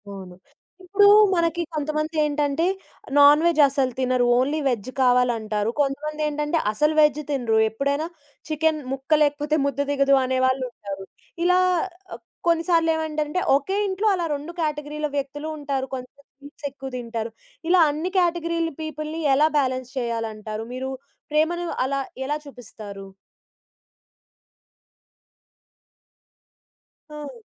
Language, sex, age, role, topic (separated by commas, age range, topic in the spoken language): Telugu, female, 20-24, host, వంటలో మీ ప్రేమను చూపించడానికి మీరు ముఖ్యంగా ఏ పదార్థాలకు ప్రాధాన్యం ఇస్తారు?